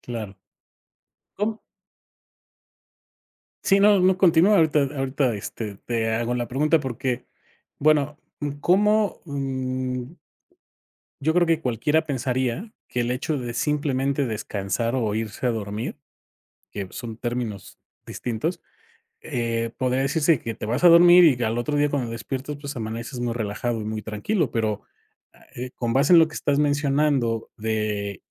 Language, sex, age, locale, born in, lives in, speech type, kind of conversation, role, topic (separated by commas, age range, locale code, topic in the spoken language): Spanish, male, 45-49, es-MX, Mexico, Mexico, conversation, podcast, host, ¿Cómo crearías una rutina antiestrés para principiantes?